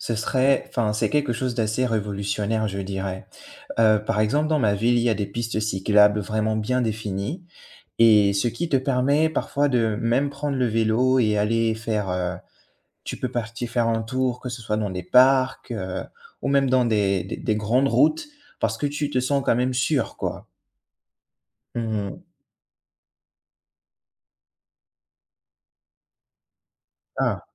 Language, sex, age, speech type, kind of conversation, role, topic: French, male, 25-29, conversation, unstructured, none, Que pensez-vous de la pollution dans nos villes aujourd’hui ?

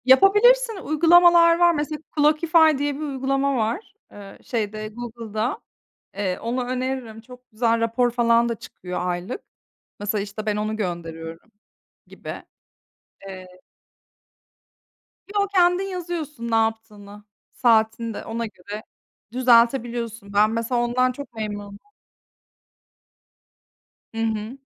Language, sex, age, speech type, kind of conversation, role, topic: Turkish, female, 30-34, conversation, unstructured, none, Çalışırken motivasyonunu nasıl koruyorsun?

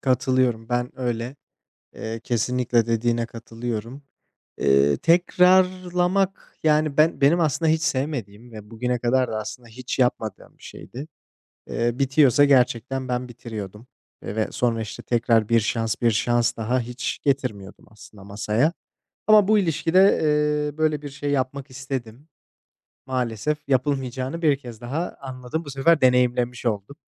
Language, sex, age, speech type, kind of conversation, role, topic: Turkish, male, 25-29, conversation, unstructured, none, İlişkide yapılabilecek en büyük yanlış nedir?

